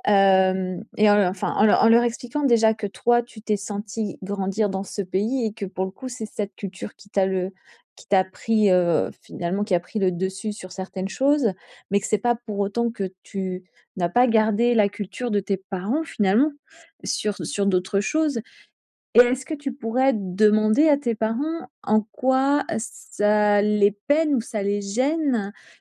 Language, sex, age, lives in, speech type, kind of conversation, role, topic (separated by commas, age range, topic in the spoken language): French, female, 45-49, France, conversation, advice, advisor, Comment puis-je concilier mon identité personnelle avec les traditions culturelles et familiales ?